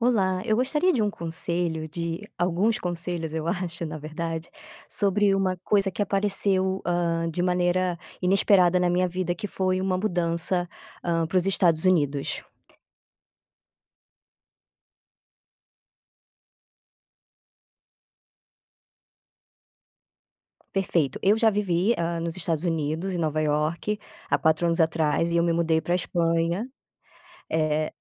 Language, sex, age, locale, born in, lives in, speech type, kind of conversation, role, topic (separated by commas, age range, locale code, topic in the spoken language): Portuguese, female, 30-34, pt-BR, Brazil, Spain, conversation, advice, user, Como posso encontrar propósito depois de uma mudança inesperada?